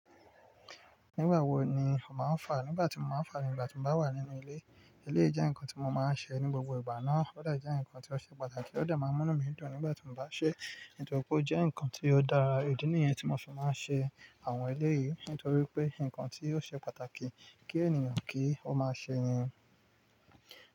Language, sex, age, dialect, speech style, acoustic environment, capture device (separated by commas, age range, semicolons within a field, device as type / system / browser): Yoruba, male, 35-44, Nigeria - Nigerian Standard, relaxed, nature, mobile / Linux / Chrome